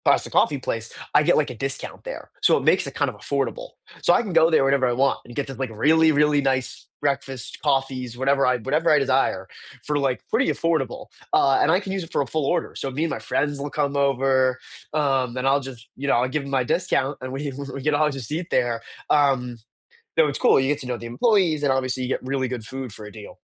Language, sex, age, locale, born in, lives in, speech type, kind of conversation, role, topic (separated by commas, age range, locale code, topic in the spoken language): English, male, 18-19, en-US, United States, United States, conversation, unstructured, none, Which local spot feels most like yours, and what story makes it your favorite?